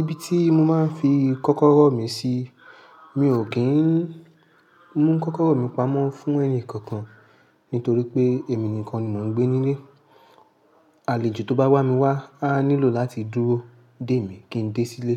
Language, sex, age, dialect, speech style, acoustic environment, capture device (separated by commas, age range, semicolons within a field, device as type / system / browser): Yoruba, male, 25-34, Nigeria - Lagos Yoruba, happy; anxious; excited; tired; stressed, people talking; music; traffic; construction, mobile / Linux / Chrome